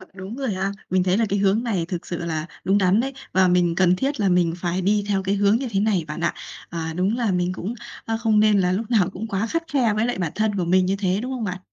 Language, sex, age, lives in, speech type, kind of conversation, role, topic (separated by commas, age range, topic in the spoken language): Vietnamese, female, 30-34, Vietnam, conversation, advice, user, Làm sao để bớt áp lực phải hoàn hảo khi tôi luôn sợ làm sai?